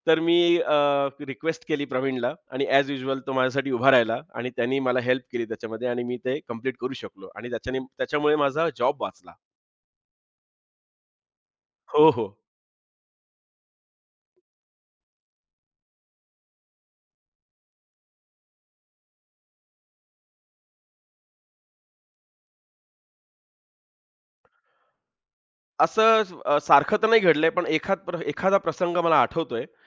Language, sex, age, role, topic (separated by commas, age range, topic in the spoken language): Marathi, male, 35-39, guest, कठीण प्रसंगी तुम्ही कोणाला आधार मानता, आणि का?